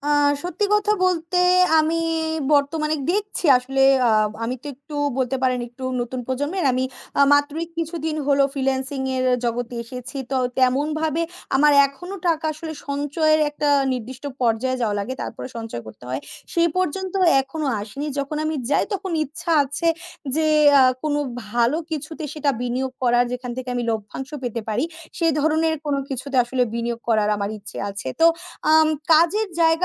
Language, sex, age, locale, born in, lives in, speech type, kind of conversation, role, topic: Bengali, female, 20-24, bn-BD, Bangladesh, Bangladesh, conversation, unstructured, none, বর্তমান অর্থনৈতিক পরিস্থিতি আপনার জীবনে কী প্রভাব ফেলেছে?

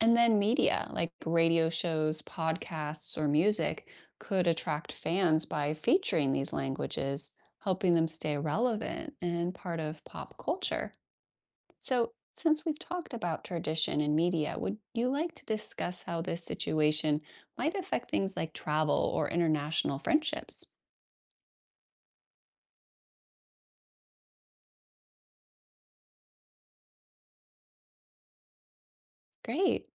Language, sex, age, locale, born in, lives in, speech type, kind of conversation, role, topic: English, female, 40-44, en-US, United States, United States, read, expert-assistant, expert, What would happen if everyone spoke every language?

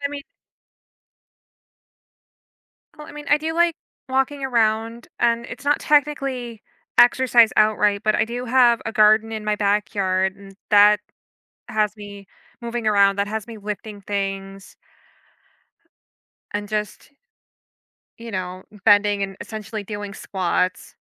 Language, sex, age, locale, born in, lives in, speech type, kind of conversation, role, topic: English, female, 30-34, en-US, United States, United States, conversation, advice, user, How can i stay motivated to keep a regular exercise routine when i'm tired and have a busy schedule?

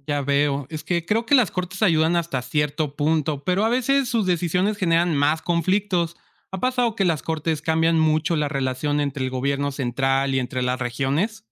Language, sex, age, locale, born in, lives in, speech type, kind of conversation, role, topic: Spanish, male, 25-29, es-MX, Mexico, Mexico, read, expert-assistant, asker, ¿Cómo puedo empezar a entender las diferencias entre los gobiernos de varios países?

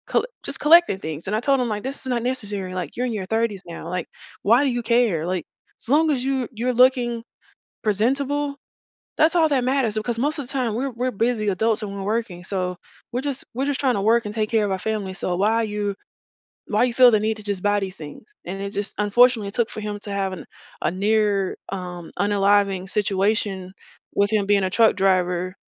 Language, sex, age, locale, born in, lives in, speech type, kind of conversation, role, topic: English, female, 35-39, en-US, United States, United States, conversation, podcast, guest, How can developing good habits and a clear plan make saving money easier for most people?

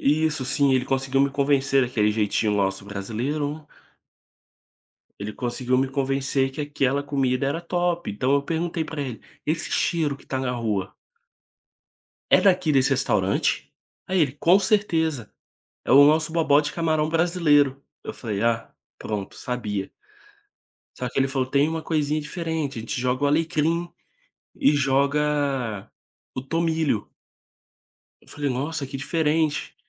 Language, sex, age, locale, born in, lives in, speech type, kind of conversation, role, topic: Portuguese, male, 25-29, pt-BR, Brazil, Spain, conversation, podcast, guest, Que comida de rua fez você parar para provar?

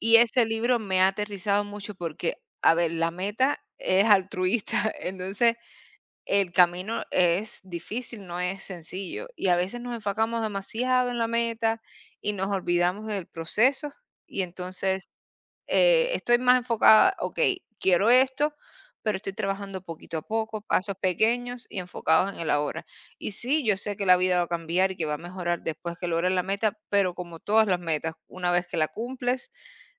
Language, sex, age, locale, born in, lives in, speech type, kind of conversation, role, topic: Spanish, female, 30-34, es-CU, Cuba, United States, conversation, unstructured, none, ¿Hay alguna meta que siempre hayas querido alcanzar?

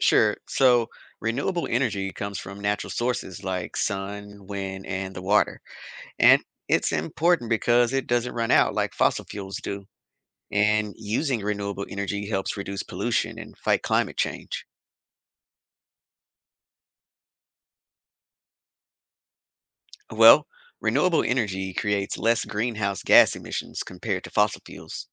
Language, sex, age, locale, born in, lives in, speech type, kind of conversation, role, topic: English, male, 35-39, en-US, United States, United States, read, expert-assistant, expert, Why are renewable energy sources important?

